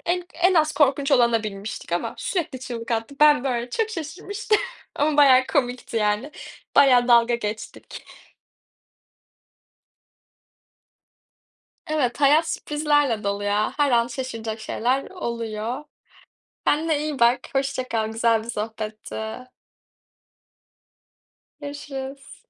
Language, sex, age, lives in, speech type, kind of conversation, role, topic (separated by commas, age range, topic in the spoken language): Turkish, female, 20-24, Netherlands, conversation, unstructured, none, Hayatında seni en çok şaşırtan şey neydi?